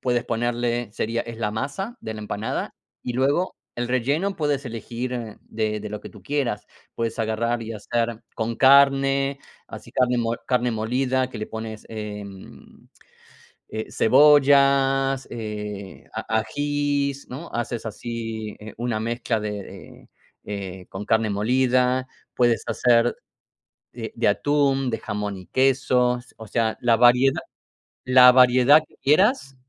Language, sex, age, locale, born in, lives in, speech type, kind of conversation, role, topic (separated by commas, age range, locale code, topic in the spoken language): Spanish, male, 45-49, es-AR, Argentina, United States, conversation, unstructured, none, ¿Qué plato tradicional de tu país te gusta más?